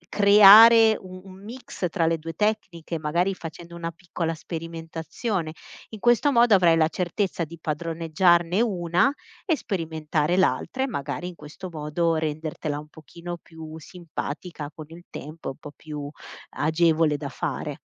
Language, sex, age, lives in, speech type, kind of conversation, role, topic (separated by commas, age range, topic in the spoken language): Italian, female, 35-39, Italy, conversation, advice, advisor, Come posso restare motivato e portare avanti un’abitudine creativa anche dopo i primi giorni?